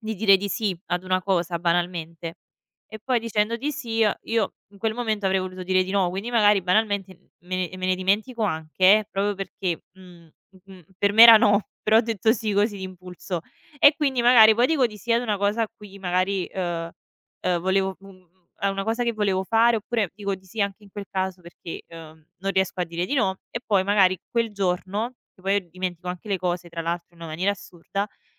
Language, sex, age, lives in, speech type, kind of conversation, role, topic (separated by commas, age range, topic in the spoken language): Italian, female, 25-29, Italy, conversation, advice, user, Come posso imparare a dire "no" senza sentirmi in colpa?